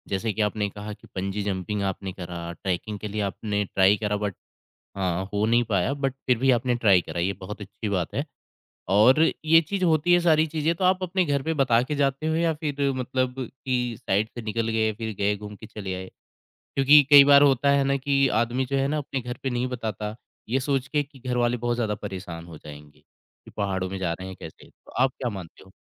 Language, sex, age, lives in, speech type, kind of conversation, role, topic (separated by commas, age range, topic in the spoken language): Hindi, male, 25-29, India, conversation, podcast, host, Kis chhoti si jijnasa ne tumhare liye ek bada adventure shuru kar diya?